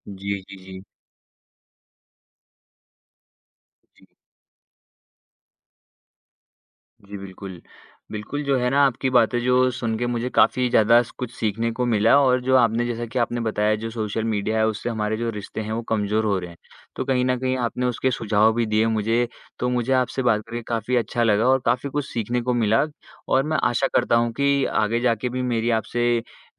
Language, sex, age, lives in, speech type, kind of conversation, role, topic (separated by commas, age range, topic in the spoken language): Hindi, male, 20-24, India, conversation, unstructured, none, क्या सोशल मीडिया हमारे रिश्तों को कमजोर कर रहा है?